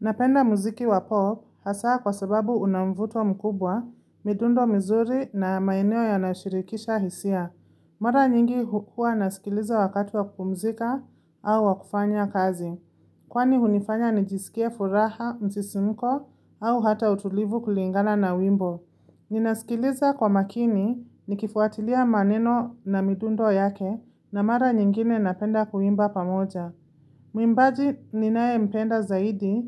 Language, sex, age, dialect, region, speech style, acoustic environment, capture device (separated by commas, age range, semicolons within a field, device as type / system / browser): Swahili, female, 25-34, Kenya - Nairobi Sheng-influenced, Kenya, happy; focused, silence, mobile / Linux / Chrome